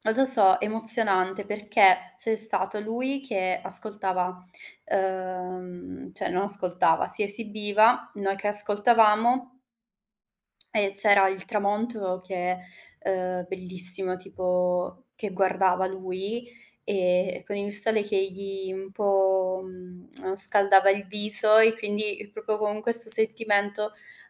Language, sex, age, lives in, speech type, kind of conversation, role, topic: Italian, female, 25-29, Italy, conversation, podcast, guest, Come cambia una canzone quando la ascolti dal vivo?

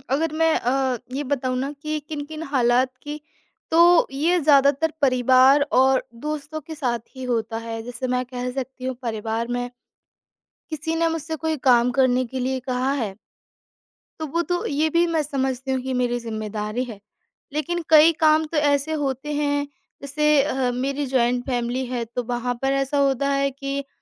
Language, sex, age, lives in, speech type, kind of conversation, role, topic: Hindi, female, 25-29, India, conversation, advice, user, मैं अपनी सीमाएँ तय नहीं कर पाती/पाता और लगभग हर बार हाँ कह देती/देता हूँ—मैं मना करना कैसे सीखूँ?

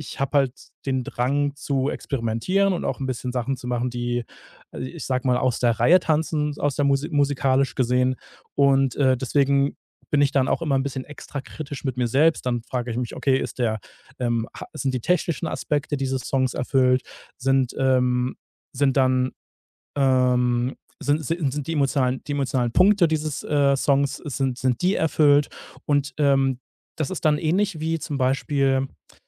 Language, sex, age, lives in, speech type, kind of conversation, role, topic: German, male, 30-34, Germany, conversation, podcast, guest, Kannst du von einem Moment erzählen, in dem du mutig etwas geteilt hast?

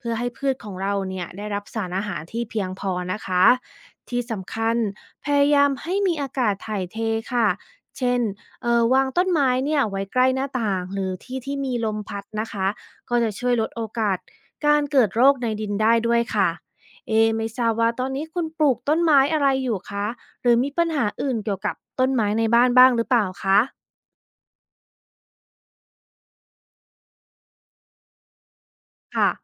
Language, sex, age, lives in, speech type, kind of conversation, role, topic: Thai, female, 35-39, United States, read, expert-assistant, expert, ทำไมใบไม้ถึงมีสีเขียว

